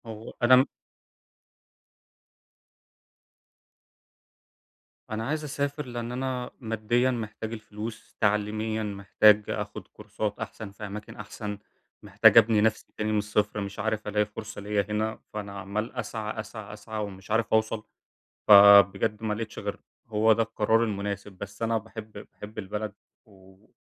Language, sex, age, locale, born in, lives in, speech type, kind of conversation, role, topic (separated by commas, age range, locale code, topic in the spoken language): Arabic, male, 20-24, ar-EG, Egypt, Germany, conversation, advice, user, أتعامل إزاي مع خوفي من إني أخد قرار يغيّر حياتي ومخاوفي من المستقبل؟